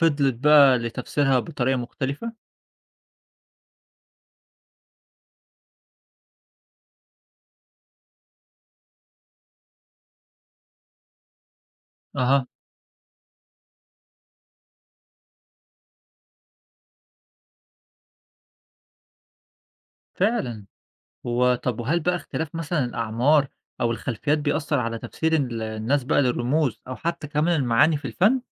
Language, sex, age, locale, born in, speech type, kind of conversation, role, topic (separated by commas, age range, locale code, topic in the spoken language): Arabic, male, 25-29, ar-EG, Egypt, read, expert-assistant, asker, إزاي الحروب و الثورات أثرت على تطور الفن عبر التاريخ؟